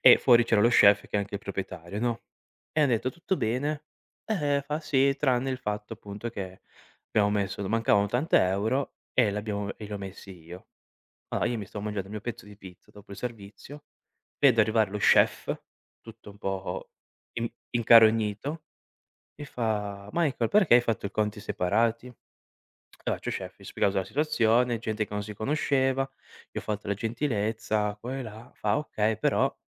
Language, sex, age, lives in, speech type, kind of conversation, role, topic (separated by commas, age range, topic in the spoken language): Italian, male, 25-29, Italy, conversation, podcast, guest, Raccontami di un errore che ti ha insegnato molto.